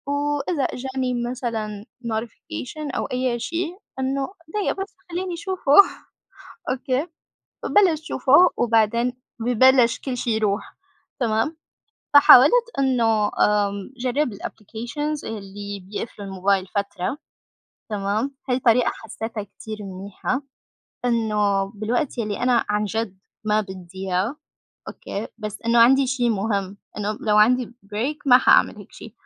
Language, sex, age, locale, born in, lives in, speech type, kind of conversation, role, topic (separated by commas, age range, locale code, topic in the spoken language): Arabic, female, 25-29, ar-SY, Saudi Arabia, United States, conversation, unstructured, none, إيه رأيك في تأثير الموبايل على تركيزنا اليومي؟